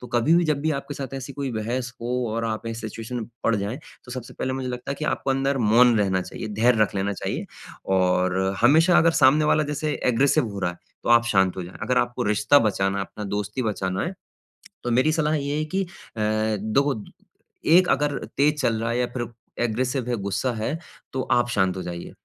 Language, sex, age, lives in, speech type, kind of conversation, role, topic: Hindi, male, 25-29, India, conversation, advice, advisor, मैं दोस्तों के साथ हुए विवाद या मतभेद को कैसे संभालूँ और सुलझाऊँ?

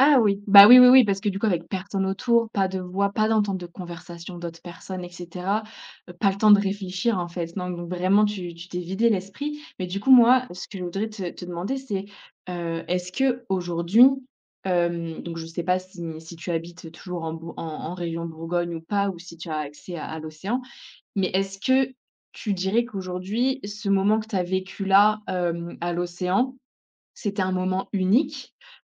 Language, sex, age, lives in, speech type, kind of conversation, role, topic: French, female, 25-29, France, conversation, podcast, host, Quel est l’endroit où tu as trouvé la paix intérieure ?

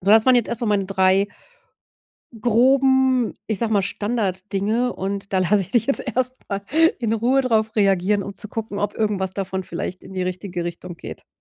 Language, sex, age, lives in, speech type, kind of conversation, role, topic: German, female, 40-44, Germany, conversation, advice, advisor, Wie kann ich Vertrauen aufbauen, ohne ständig zu kontrollieren?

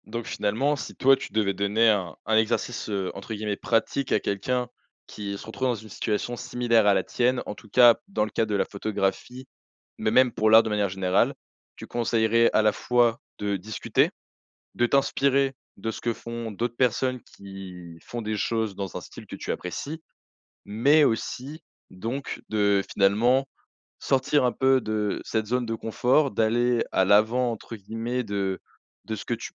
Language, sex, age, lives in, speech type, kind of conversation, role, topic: French, male, 20-24, France, conversation, podcast, host, Comment retrouves-tu ton élan après un gros blocage créatif ?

